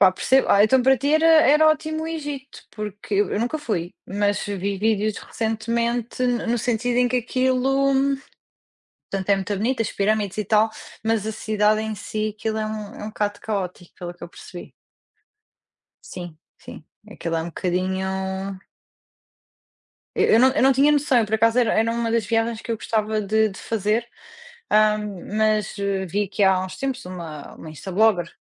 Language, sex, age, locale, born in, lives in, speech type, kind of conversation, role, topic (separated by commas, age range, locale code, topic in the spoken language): Portuguese, female, 30-34, pt-PT, Portugal, Portugal, conversation, unstructured, none, Qual é a melhor lembrança que você trouxe de uma viagem?